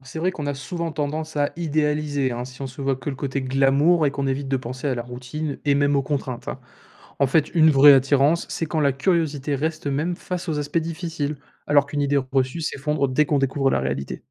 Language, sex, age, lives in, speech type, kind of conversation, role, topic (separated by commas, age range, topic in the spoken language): French, male, 20-24, France, read, expert-assistant, expert, Comment imaginer son quotidien futur quand on hésite entre plusieurs spécialités médicales ?